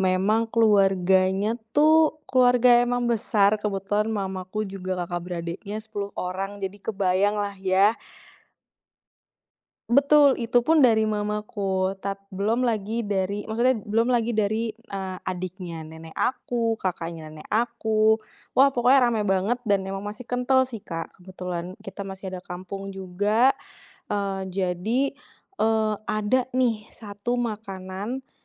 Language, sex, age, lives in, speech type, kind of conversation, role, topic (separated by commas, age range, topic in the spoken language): Indonesian, female, 30-34, Indonesia, conversation, podcast, guest, Apa makanan keluarga yang selalu dibawa dari generasi ke generasi?